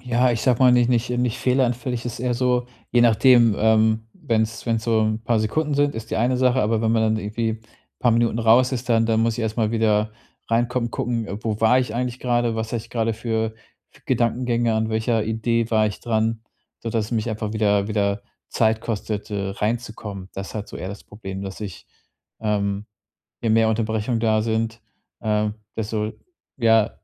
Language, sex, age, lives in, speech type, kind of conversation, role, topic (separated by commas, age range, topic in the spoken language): German, male, 35-39, Germany, conversation, advice, user, Wie kann ich Ablenkungen bei wichtigen Aufgaben reduzieren?